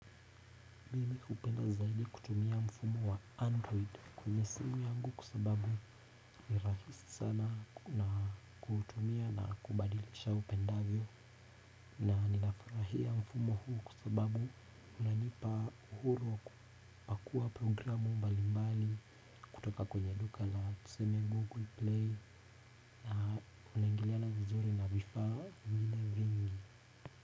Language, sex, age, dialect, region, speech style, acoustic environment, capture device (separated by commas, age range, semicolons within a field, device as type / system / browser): Swahili, male, 18-24, Kenya - Nairobi Sheng-influenced, Kenya, nervous; anxious, appliances; nature; silence, desktop / Windows / Chrome